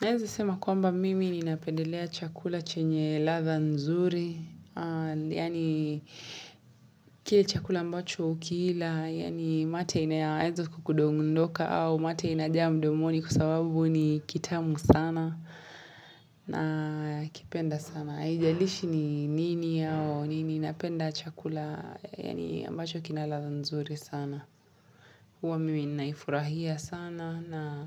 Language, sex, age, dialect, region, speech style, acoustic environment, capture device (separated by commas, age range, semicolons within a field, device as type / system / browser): Swahili, female, 25-34, Kenya - Mombasa, Kenya, relaxed; happy; excited; focused, silence, mobile / Linux / Chrome